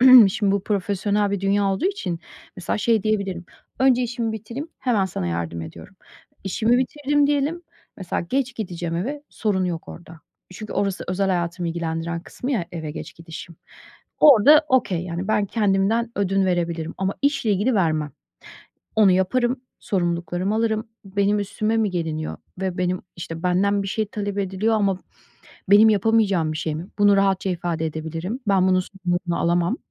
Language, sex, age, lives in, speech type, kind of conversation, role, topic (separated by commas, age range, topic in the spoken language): Turkish, female, 40-44, United States, conversation, advice, user, Suçluluk hissi yüzünden aileme ve arkadaşlarıma hayır demekte neden zorlanıyorum ve bunu nasıl daha rahat yapabilirim?